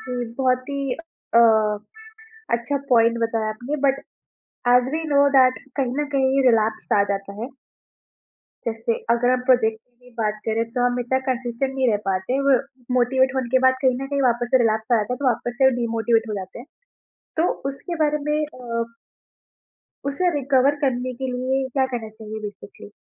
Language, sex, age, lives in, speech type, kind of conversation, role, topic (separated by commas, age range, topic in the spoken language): Hindi, female, 20-24, India, conversation, podcast, host, Motivation gir jaaye to use phir se jagane ka sabse asaan tareeka kya hai?